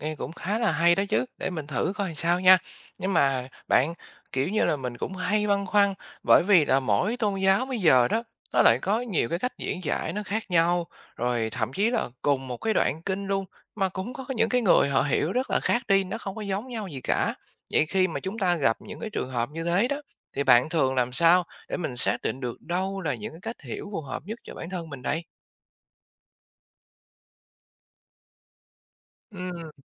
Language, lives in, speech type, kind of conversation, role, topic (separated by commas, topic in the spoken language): Vietnamese, Vietnam, read, expert-assistant, asker, Nếu muốn hiểu về các ý nghĩa sâu xa của tôn giáo, bạn thường tìm thông tin ở đâu là đáng tin cậy nhất?